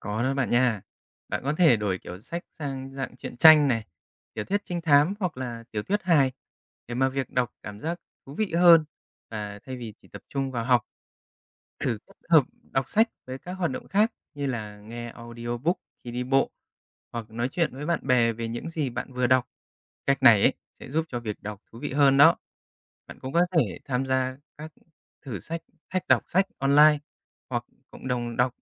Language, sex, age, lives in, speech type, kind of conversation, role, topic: Vietnamese, male, 30-34, Japan, read, expert-assistant, expert, Làm thế nào để xây dựng thói quen đọc sách tiếng Anh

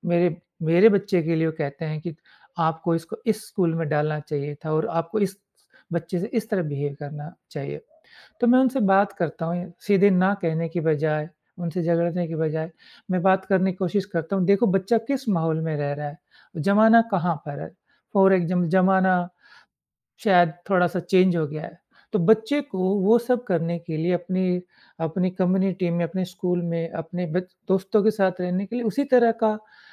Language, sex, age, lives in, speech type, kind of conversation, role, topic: Hindi, male, 40-44, India, conversation, podcast, guest, Parents ki expectations se cope karne ke liye aap kya tips doge?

